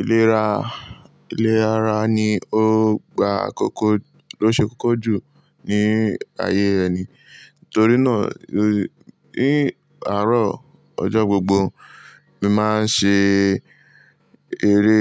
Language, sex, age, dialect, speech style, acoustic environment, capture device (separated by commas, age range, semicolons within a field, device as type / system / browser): Yoruba, male, 18-24, Nigeria - Lagos Yoruba, relaxed, nature, mobile / Linux / Chrome